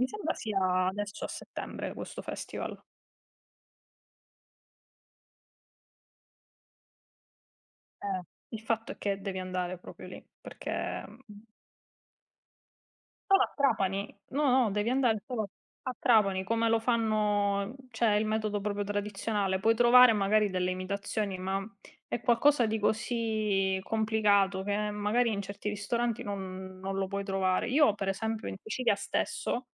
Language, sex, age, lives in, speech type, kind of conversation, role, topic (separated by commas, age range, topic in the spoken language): Italian, female, 30-34, Italy, conversation, unstructured, none, Qual è il tuo piatto italiano preferito?